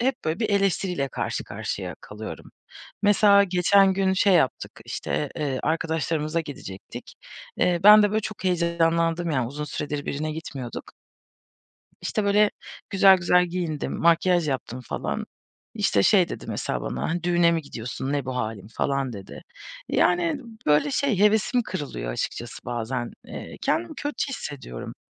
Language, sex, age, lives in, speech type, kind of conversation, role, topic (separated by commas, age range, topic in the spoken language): Turkish, female, 30-34, Germany, conversation, advice, user, Eşinizden sürekli eleştiri almak ilişkinizi nasıl etkiliyor?